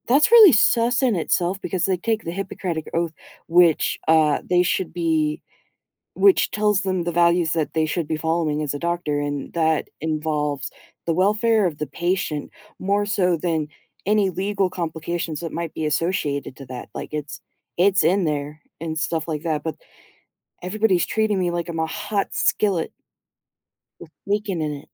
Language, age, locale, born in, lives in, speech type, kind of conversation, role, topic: English, 25-29, en-US, United States, United States, conversation, advice, user, How can I cope with guilt after lying or bending the truth and decide whether to apologize or make amends?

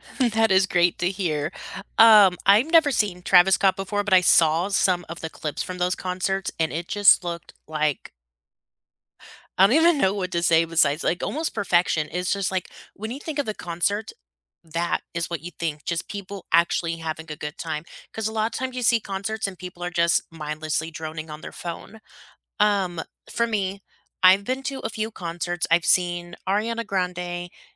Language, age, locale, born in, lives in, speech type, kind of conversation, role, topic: English, 25-29, en-US, United States, United States, conversation, unstructured, none, Which concert moments still give you goosebumps, and what made them unforgettable for you?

